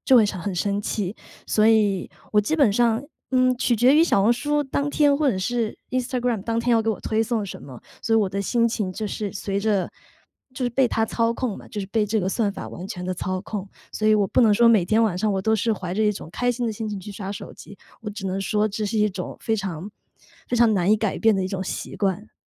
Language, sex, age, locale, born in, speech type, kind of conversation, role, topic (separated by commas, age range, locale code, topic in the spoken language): Chinese, female, 35-39, zh-CN, China, conversation, advice, user, 睡前刷手机会怎样影响你的睡眠，你又想如何培养更好的睡前习惯？